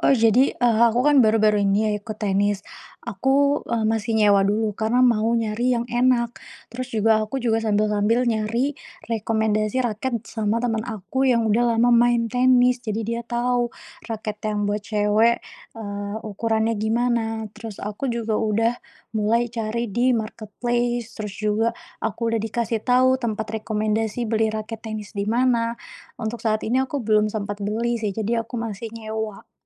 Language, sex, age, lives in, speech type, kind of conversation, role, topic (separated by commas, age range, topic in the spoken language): Indonesian, female, 25-29, Indonesia, conversation, podcast, guest, Bagaimana kamu mengatur waktu antara pekerjaan dan hobi?